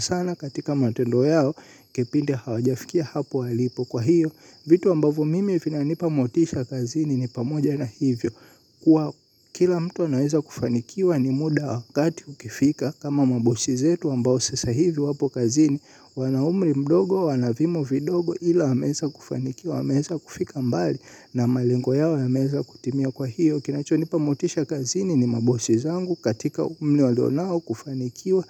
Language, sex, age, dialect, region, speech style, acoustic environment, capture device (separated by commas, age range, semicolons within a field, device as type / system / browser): Swahili, male, 18-24, Tanzania - Dar es Salaam, Tanzania, happy; excited; focused; relaxed, silence, mobile / Linux / Chrome